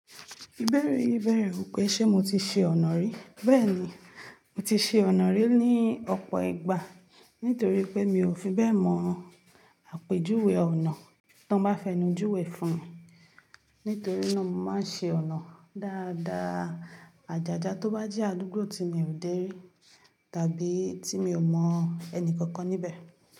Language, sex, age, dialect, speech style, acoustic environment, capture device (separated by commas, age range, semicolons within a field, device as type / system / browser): Yoruba, female, 18-24, Nigeria - Ibadan, focused, nature, mobile / Linux / Chrome